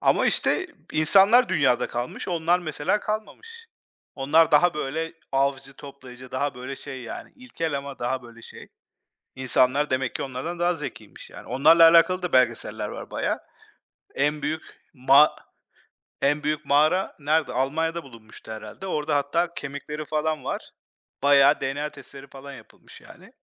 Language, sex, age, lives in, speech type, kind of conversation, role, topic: Turkish, male, 40-44, United States, conversation, unstructured, none, Tarihte en çok şaşırdığınız olay nedir?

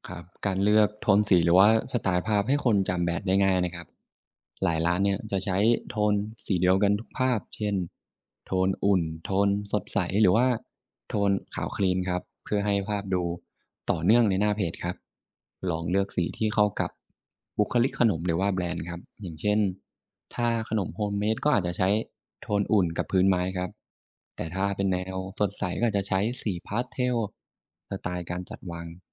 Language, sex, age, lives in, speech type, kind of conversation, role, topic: Thai, male, 25-29, Thailand, read, expert-assistant, expert, ถ้าอยากเปลี่ยนงานมาทำคาเฟ่หรือขนมควรเริ่มยังไงดี?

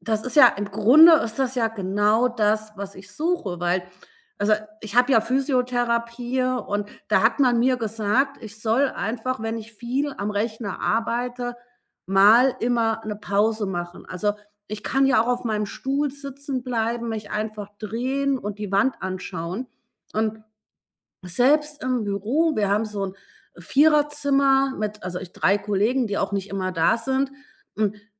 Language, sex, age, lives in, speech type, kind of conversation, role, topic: German, female, 40-44, Germany, conversation, podcast, host, Welche Tipps würdest du jemandem geben, der gerade mit Meditieren anfängt?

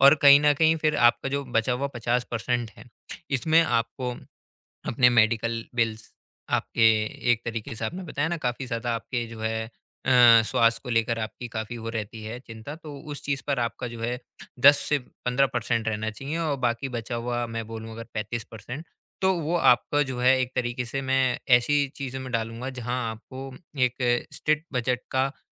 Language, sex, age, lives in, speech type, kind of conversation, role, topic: Hindi, male, 20-24, India, conversation, advice, advisor, मैं खरीदारी के लिए सही बजट कैसे बनाऊँ?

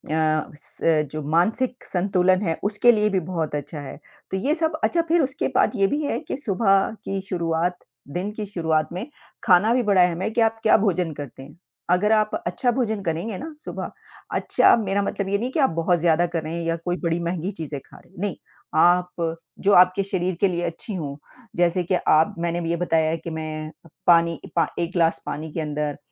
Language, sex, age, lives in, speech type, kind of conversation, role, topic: Hindi, female, 50-54, United States, conversation, unstructured, none, आप अपने दिन की शुरुआत कैसे करते हैं?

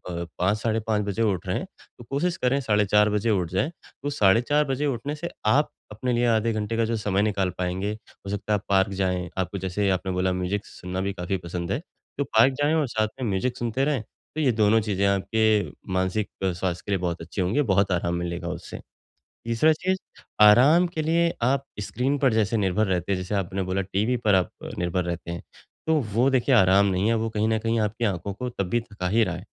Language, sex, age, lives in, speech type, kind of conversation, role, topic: Hindi, male, 35-39, India, conversation, advice, advisor, घर पर आराम करने और तनाव कम करने के लिए मैं क्या करूँ?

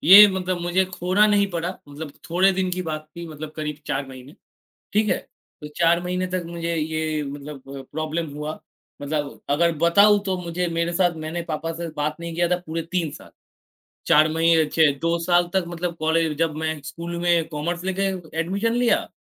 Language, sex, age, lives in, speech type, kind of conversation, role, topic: Hindi, male, 18-19, India, conversation, unstructured, none, आप अपने सपनों को पूरा करने के लिए क्या-क्या त्याग कर सकते हैं?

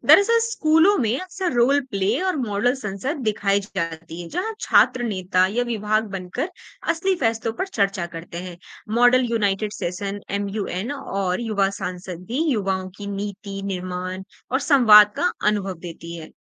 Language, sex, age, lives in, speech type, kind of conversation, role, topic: Hindi, female, 25-29, India, read, expert-assistant, expert, अगर मैं अपने परिवार या दोस्तों के साथ शासन प्रणालियों पर चर्चा करना चाहूँ, तो कौन से आसान और दिलचस्प स्रोत देख सकता हूँ?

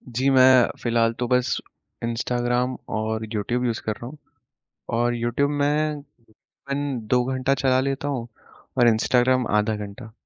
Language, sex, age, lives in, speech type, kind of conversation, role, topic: Hindi, male, 20-24, India, conversation, advice, user, सोशल मीडिया पर दूसरों से तुलना करने के कारण आपकी प्रेरणा कैसे कम हुई है?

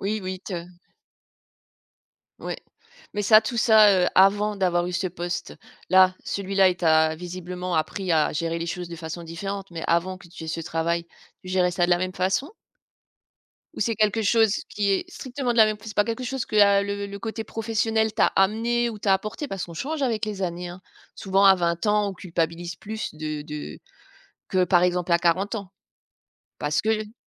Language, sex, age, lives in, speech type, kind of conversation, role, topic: French, female, 45-49, France, conversation, podcast, host, Qu’est-ce qui t’a appris à dire non sans culpabiliser ?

